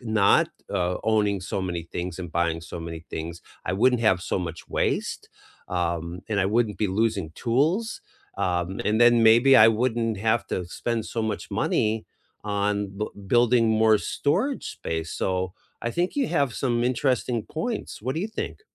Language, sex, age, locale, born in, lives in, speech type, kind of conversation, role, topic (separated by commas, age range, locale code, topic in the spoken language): English, male, 55-59, en-US, United States, United States, conversation, unstructured, none, Does owning fewer possessions reduce stress or limit convenience?